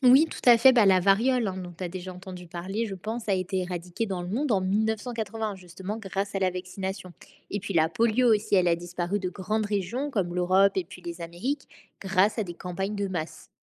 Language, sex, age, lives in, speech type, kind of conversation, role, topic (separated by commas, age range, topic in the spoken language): French, female, 20-24, France, read, expert-assistant, expert, Comment la vaccination aide-t-elle à protéger toute une communauté, pas seulement les individus ?